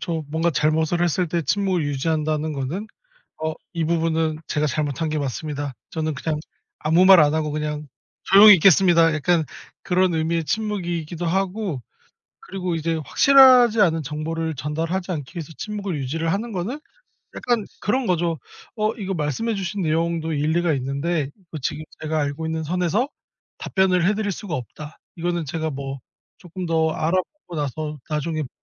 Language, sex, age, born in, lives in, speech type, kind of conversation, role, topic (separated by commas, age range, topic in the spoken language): Korean, male, 30-34, South Korea, South Korea, conversation, podcast, guest, 충돌 앞에서 침묵을 선택하는 이유가 뭐예요?